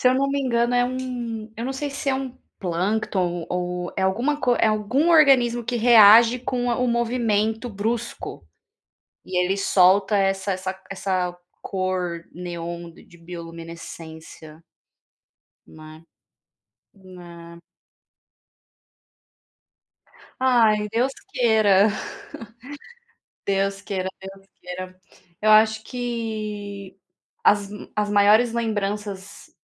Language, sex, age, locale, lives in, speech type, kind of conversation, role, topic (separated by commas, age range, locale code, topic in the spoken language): Portuguese, female, 30-34, pt-BR, Spain, conversation, unstructured, none, Qual foi a melhor surpresa que você encontrou por acaso durante uma viagem?